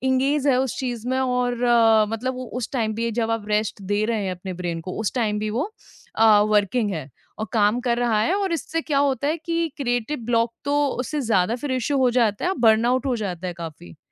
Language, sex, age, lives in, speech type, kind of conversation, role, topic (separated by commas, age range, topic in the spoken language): Hindi, female, 25-29, India, conversation, podcast, guest, Creative block aane par tum usse kaise nikalte ho?